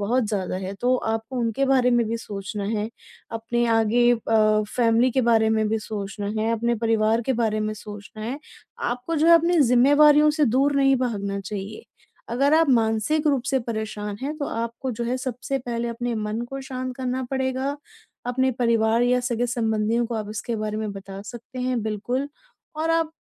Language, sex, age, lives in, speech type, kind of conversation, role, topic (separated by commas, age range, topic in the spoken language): Hindi, female, 30-34, India, conversation, advice, advisor, पल भर में मानसिक शांति पाने के लिए मैं अभी क्या कर सकता हूँ?